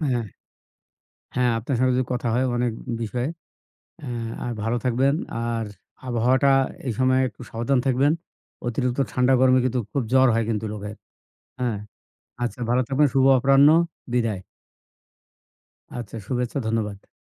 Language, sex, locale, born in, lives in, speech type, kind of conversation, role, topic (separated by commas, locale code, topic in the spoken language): Bengali, male, bn-BD, Bangladesh, Bangladesh, conversation, unstructured, none, মানসিক অসুস্থতার কারণে মানুষকে অবজ্ঞা করা কি ঠিক?